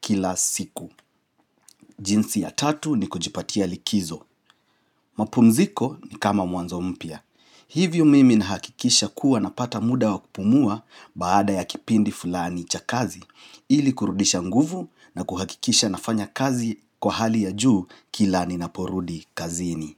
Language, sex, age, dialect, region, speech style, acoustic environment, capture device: Swahili, male, 35-44, Kenya - Nairobi Sheng-influenced, Kenya, relaxed, nature, mobile / Linux / Chrome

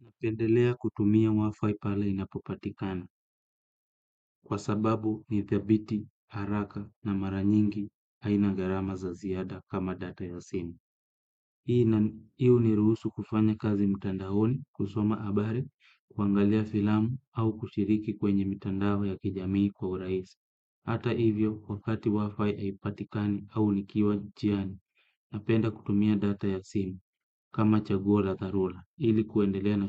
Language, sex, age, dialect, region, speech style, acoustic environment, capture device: Swahili, male, 25-34, Kenya - Kitui, Kenya, happy; focused, silence, desktop / Windows / Chrome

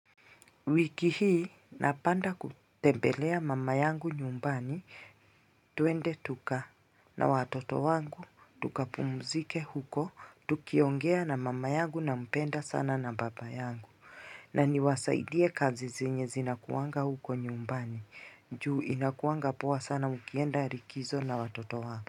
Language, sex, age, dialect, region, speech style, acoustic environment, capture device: Swahili, female, 35-44, Kenya - Mombasa, Kenya, happy, people talking, mobile / Linux / Chrome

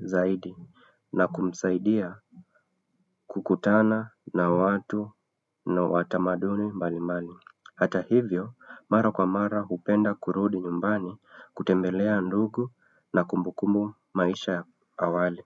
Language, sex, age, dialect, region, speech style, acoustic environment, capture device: Swahili, male, 25-34, Kenya - Nairobi Sheng-influenced, Kenya, relaxed, silence, desktop / Windows / Chrome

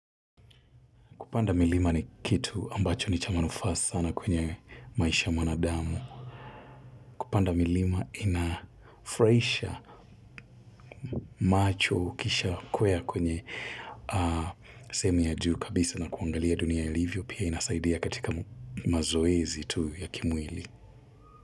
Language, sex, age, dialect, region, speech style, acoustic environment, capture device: Swahili, male, 25-34, Kenya - Mombasa, Kenya, tired, silence, mobile / Linux / Chrome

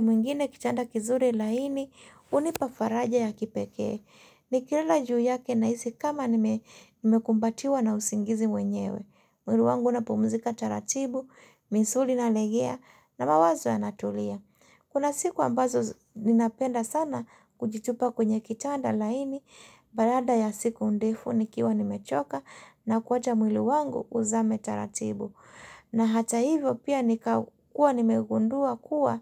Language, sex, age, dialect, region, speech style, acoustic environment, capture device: Swahili, female, 35-44, Kenya - Mombasa, Kenya, happy, silence, mobile / Linux / Chrome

